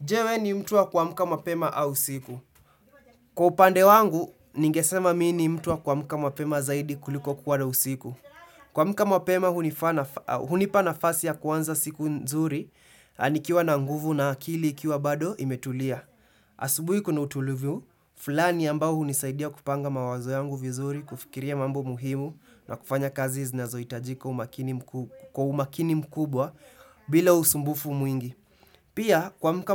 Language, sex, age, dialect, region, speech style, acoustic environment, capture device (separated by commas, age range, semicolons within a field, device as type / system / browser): Swahili, male, 18-24, Kenya - Nairobi Sheng-influenced, Kenya, happy; excited, silence, desktop / Windows / Chrome